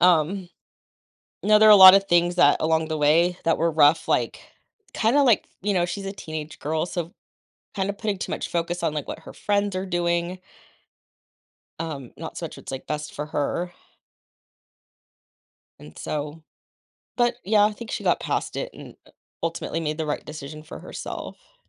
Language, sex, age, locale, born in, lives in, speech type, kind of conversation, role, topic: English, female, 40-44, en-US, United States, United States, conversation, advice, user, How should I celebrate my recent accomplishment?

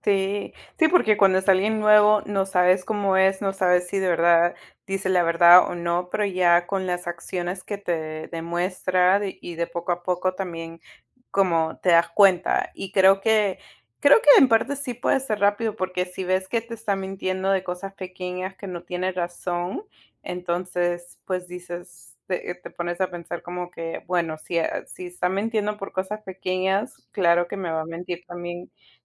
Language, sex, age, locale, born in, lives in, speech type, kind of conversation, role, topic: Spanish, female, 30-34, es-PE, United States, United States, conversation, unstructured, none, ¿Qué papel juega la confianza en una relación?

